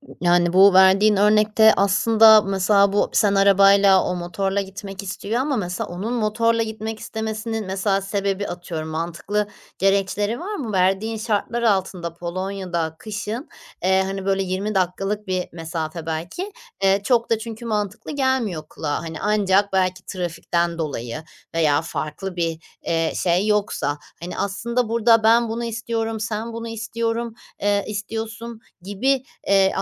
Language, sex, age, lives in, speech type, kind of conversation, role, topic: Turkish, female, 30-34, Netherlands, conversation, advice, advisor, Arkadaşımla yaşadığım bir anlaşmazlığı nasıl yapıcı, sakin ve saygılı bir şekilde çözebilirim?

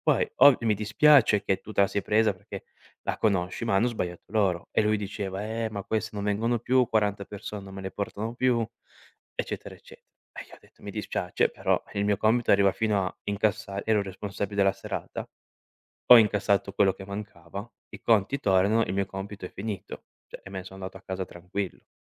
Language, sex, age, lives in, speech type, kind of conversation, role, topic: Italian, male, 25-29, Italy, conversation, podcast, guest, Raccontami di un errore che ti ha insegnato molto.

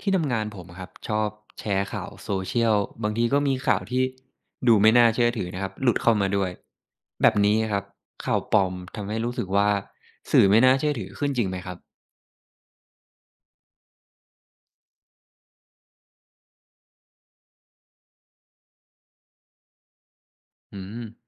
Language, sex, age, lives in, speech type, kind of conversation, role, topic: Thai, male, 25-29, Thailand, read, expert-assistant, asker, การแชร์ข่าวปลอมบนโซเชียลมีเดียเป็นปัญหาใหญ่สำหรับวงการสื่อหรือเปล่า?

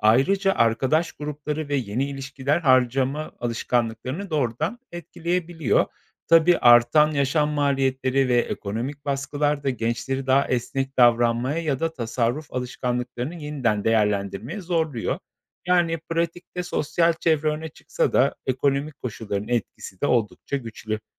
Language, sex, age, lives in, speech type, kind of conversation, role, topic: Turkish, male, 35-39, Poland, read, expert-assistant, expert, Para harcama alışkanlıkları kültürden kültüre nasıl değişiyor?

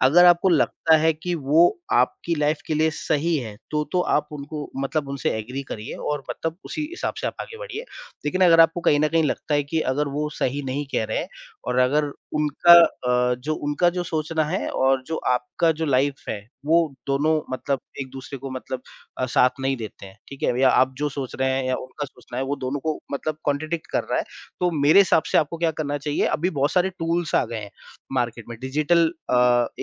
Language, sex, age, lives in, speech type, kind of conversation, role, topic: Hindi, male, 30-34, India, conversation, podcast, guest, Parents ka pressure tum par kaise asar karta tha?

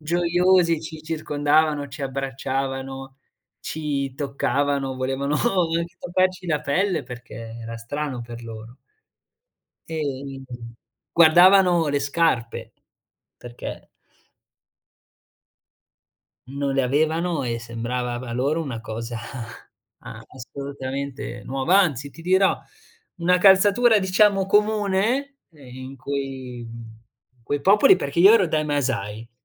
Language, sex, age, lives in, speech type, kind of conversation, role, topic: Italian, male, 20-24, Italy, conversation, podcast, guest, Puoi raccontarmi di un incontro inaspettato con la gente del posto?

